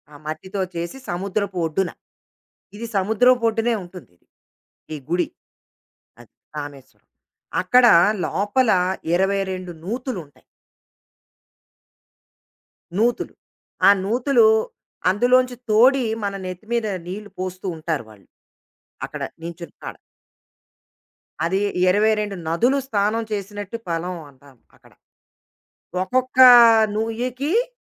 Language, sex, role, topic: Telugu, female, guest, మీ ప్రయాణ అనుభవాల నుంచి నేర్చుకున్న ఒక సలహాను ఇతరులకు చెప్పగలరా?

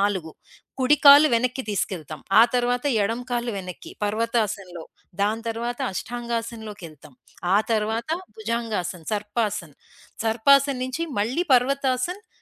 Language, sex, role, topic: Telugu, female, guest, ధ్యానం లేదా మనోనిబద్ధత సాధన మొదలు పెట్టాలనుకునేవారికి మీరు ఏ ఒక్క సూచన ఇస్తారు?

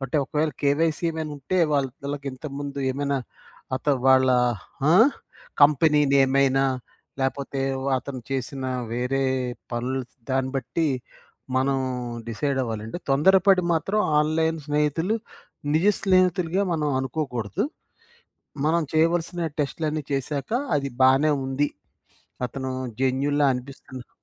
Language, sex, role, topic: Telugu, male, guest, ఆన్‌లైన్‌లో పరిచయమైన స్నేహితులు నిజమైన స్నేహితులుగా మారగలరా?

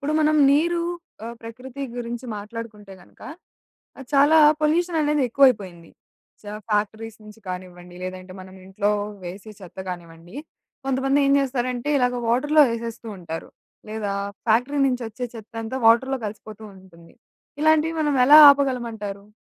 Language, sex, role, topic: Telugu, female, host, ప్రకృతిని గమనించడం ద్వారా నీటి విలువ గురించి మనం ఏమి నేర్చుకోవచ్చు?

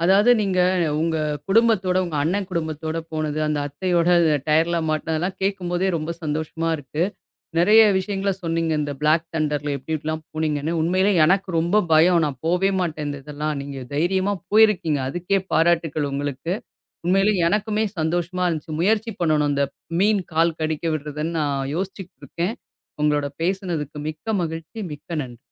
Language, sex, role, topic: Tamil, female, host, பலருடன் பயணம் செய்தபோது உங்களுக்கு மிகவும் பிடித்த சம்பவம் எது?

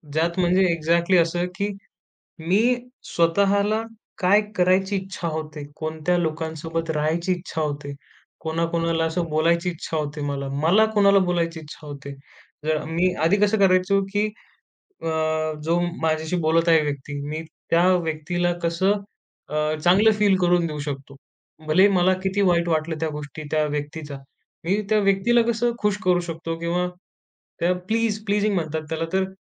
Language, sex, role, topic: Marathi, male, guest, एकटे असताना मन नव्याने सावरायला सुरुवात कशी कराल?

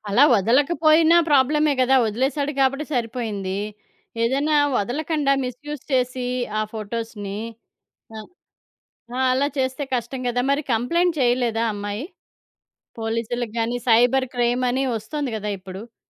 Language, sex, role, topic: Telugu, female, host, ఆన్‌లైన్‌లో ఏర్పడిన పరిచయాలు నిజ జీవితంలో బలమైన బంధాలుగా మారగలవా?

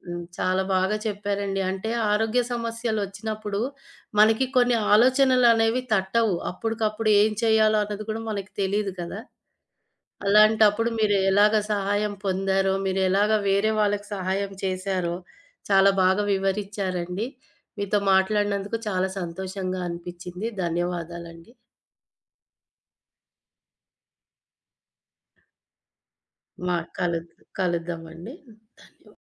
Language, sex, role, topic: Telugu, female, host, మీకు చిన్న ఆరోగ్య సమస్య వచ్చినప్పుడు, ఎప్పుడైనా ఓ అపరిచితుడు సహాయం చేసిన అనుభవం ఉందా?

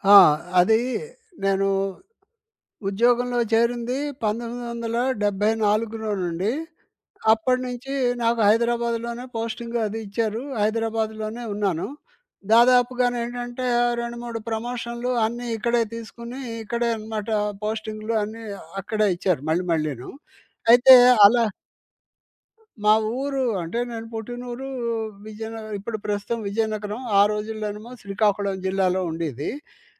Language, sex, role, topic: Telugu, male, guest, మీరు వలసలో ఎదుర్కొన్న అతిపెద్ద సవాలు ఏమిటి?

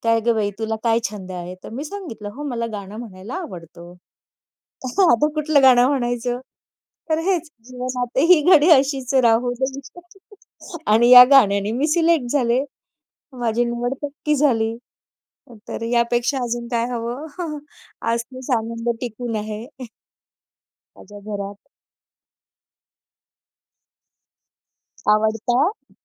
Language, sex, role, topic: Marathi, female, guest, एखादं जुनं गाणं ऐकलं की तुमच्या मनात कोणती आठवण जागी होते?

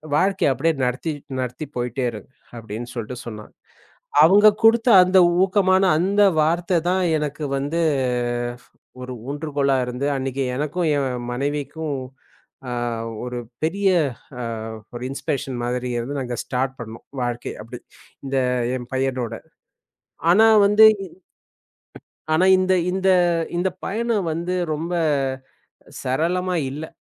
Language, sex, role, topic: Tamil, male, guest, இடையூறு வந்தபோது நீங்கள் மீண்டும் எப்படி எழுந்து நிற்கிறீர்கள்?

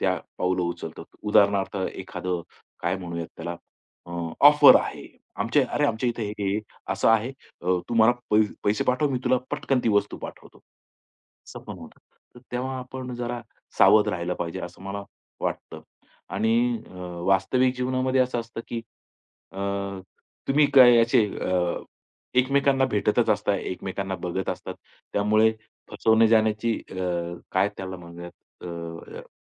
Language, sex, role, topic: Marathi, male, guest, ऑनलाईन मैत्री आणि प्रत्यक्ष नात्यांमधील फरक तुम्हाला कसा जाणवतो?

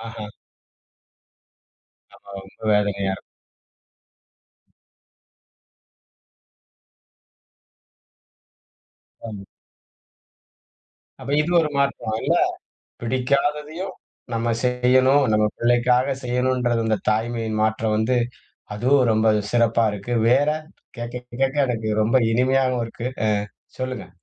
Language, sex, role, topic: Tamil, male, host, முதல்முறை பெற்றோராக ஆன பிறகு உங்களிடம் என்ன மாற்றங்கள் ஏற்பட்டன?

